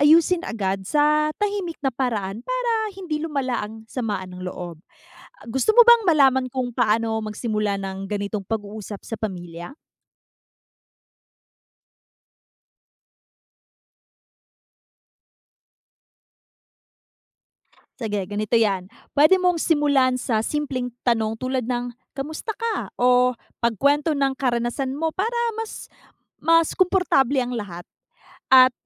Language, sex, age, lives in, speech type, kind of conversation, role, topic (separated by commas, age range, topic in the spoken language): Filipino, female, 30-34, United States, read, expert-assistant, expert, Ano ang epekto ng kakulangan sa komunikasyon sa relasyon ng pamilya?